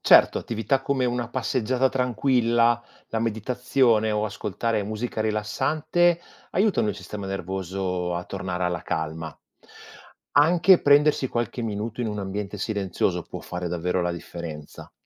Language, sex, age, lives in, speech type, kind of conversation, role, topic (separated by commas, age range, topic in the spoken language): Italian, male, 45-49, Italy, read, expert-assistant, expert, Come posso gestire le reazioni fisiche allo stress attraverso la conoscenza del sistema nervoso?